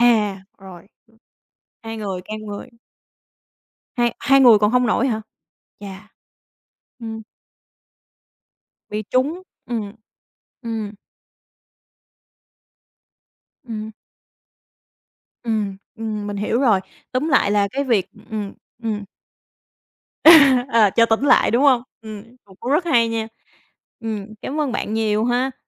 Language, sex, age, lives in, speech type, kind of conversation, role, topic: Vietnamese, female, 25-29, Vietnam, conversation, podcast, host, Bạn thường xử lý mâu thuẫn với hàng xóm như thế nào?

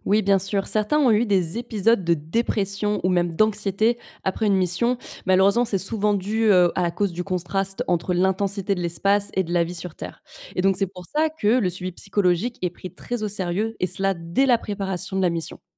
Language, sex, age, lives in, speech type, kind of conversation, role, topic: French, female, 25-29, France, read, expert-assistant, expert, Comment la vie quotidienne change-t-elle pour un astronaute lors d’une mission longue durée ?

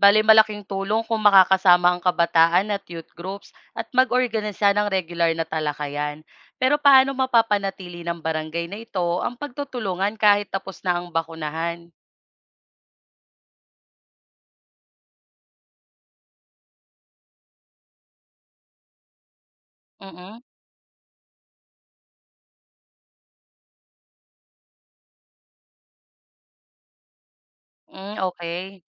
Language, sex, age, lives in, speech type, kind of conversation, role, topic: Filipino, female, 25-29, Philippines, read, expert-assistant, asker, Ano ang mga pinagmulan ng mga maling paniniwala tungkol sa bakuna, at bakit patuloy itong lumalaganap?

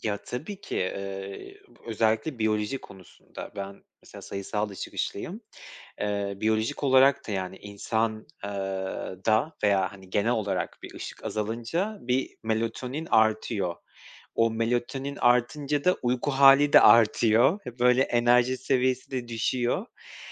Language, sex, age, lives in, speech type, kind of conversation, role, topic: Turkish, male, 30-34, Poland, conversation, podcast, guest, Mevsimlerin ruh hâlimizi nasıl etkilediğini düşünüyorsun?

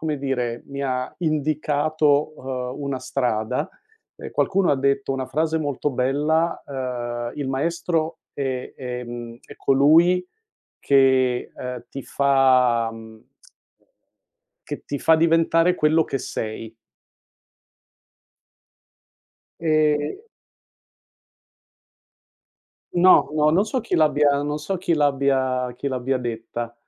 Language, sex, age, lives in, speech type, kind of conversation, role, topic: Italian, male, 60-64, United States, conversation, podcast, guest, Parla di una persona che ti ha davvero ispirato, sai?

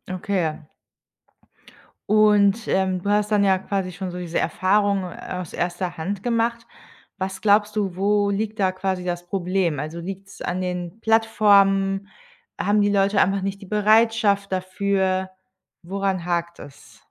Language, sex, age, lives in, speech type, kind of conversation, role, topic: German, female, 30-34, Germany, conversation, podcast, host, Wie beeinflusst Technik die Kommunikation zwischen Jung und Alt?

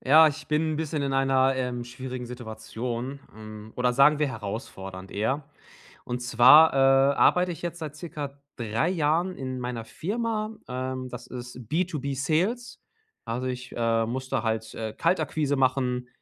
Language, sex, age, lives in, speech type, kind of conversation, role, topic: German, male, 30-34, Germany, conversation, advice, user, Wie kann ich meine Angst vor dem Versagen überwinden?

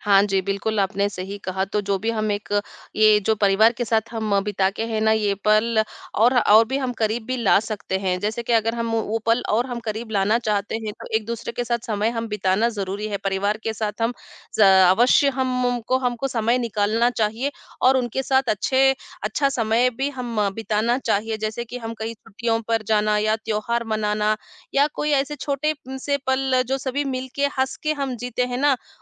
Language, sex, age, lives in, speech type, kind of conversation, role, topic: Hindi, female, 40-44, India, conversation, unstructured, none, परिवार के साथ बिताया गया आपका सबसे यादगार पल कौन-सा था?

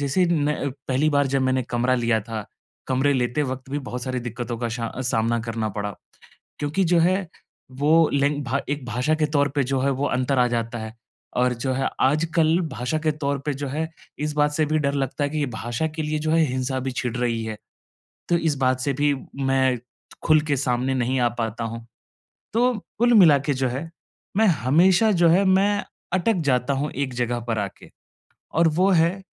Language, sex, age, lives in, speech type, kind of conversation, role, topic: Hindi, male, 25-29, India, conversation, advice, user, नए देश में भावनात्मक रूप से सामंजस्य कैसे बिठाऊँ?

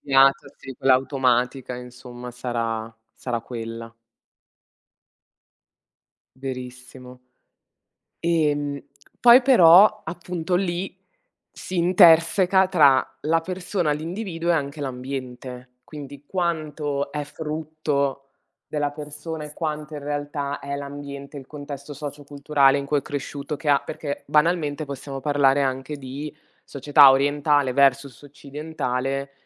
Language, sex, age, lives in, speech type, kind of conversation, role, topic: Italian, female, 30-34, Italy, conversation, unstructured, none, Credi che le persone possano davvero cambiare?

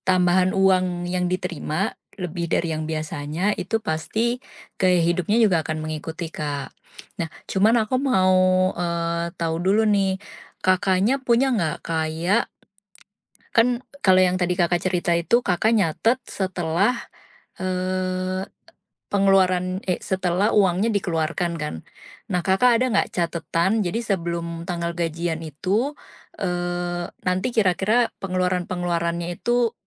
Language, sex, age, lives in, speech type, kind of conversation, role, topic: Indonesian, female, 35-39, Indonesia, conversation, advice, advisor, Bagaimana perubahan keuangan besar memaksa Anda menyesuaikan gaya hidup?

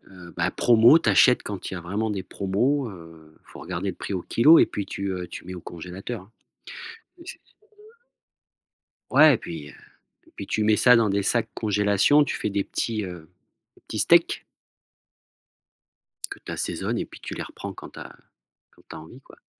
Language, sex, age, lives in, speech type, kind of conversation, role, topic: French, male, 40-44, France, conversation, podcast, guest, Comment fais-tu tes courses pour manger mieux et jeter moins ?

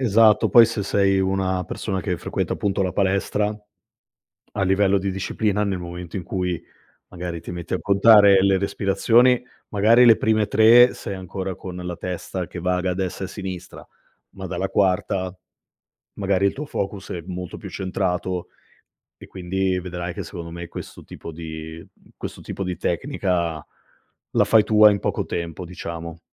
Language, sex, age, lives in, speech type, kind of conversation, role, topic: Italian, male, 35-39, Italy, conversation, advice, advisor, Come posso gestire l’insonnia legata all’ansia e ai pensieri ricorrenti prima di addormentarmi?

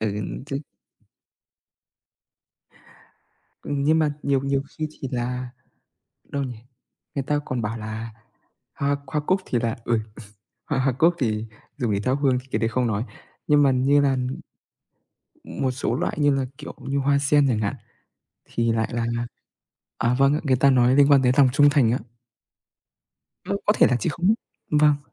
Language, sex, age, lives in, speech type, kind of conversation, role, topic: Vietnamese, male, 20-24, Vietnam, conversation, unstructured, none, Bạn thường chọn loại hoa nào để tặng người thân, và vì sao?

